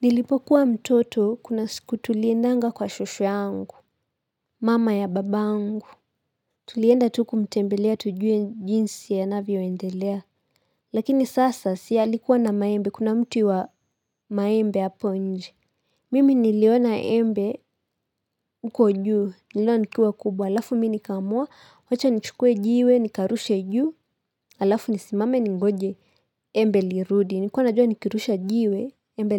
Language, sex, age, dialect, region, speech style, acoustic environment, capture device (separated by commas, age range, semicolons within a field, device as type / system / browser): Swahili, female, 18-24, Kenya - Nairobi Sheng-influenced, Kenya, happy; excited; relaxed; focused, silence, mobile / Linux / Chrome